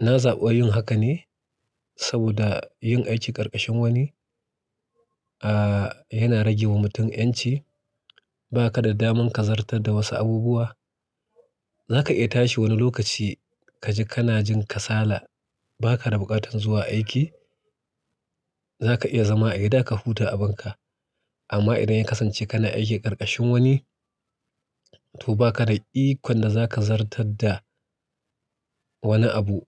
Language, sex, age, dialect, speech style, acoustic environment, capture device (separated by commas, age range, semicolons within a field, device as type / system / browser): Hausa, male, 35-44, Nigeria - Kano, relaxed, silence, mobile / Linux / Chrome